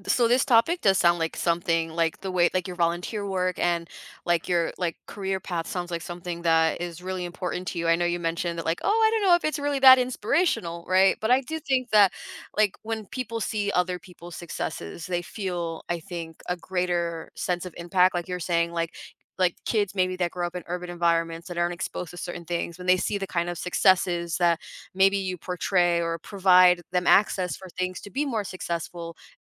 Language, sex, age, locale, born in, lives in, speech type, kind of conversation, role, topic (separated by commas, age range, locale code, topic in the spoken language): English, female, 25-29, en-US, United States, United States, conversation, podcast, host, How do our personal values shape what we consider true success?